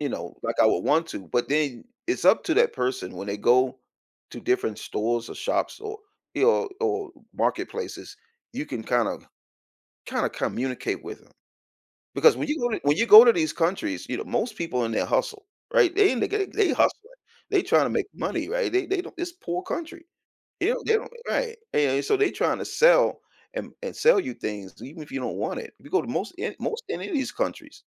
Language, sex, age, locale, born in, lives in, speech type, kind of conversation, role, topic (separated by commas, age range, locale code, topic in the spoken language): English, male, 55-59, en-US, United States, United States, conversation, podcast, guest, How can connecting with locals enrich your travel experiences?